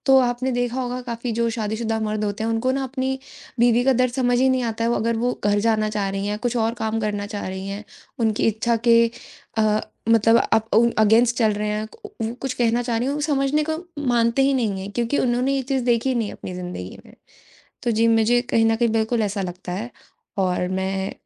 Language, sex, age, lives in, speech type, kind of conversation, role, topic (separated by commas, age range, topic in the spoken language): Hindi, female, 20-24, India, conversation, podcast, guest, आप अपनी भावनाओं को कैसे संभालते हैं?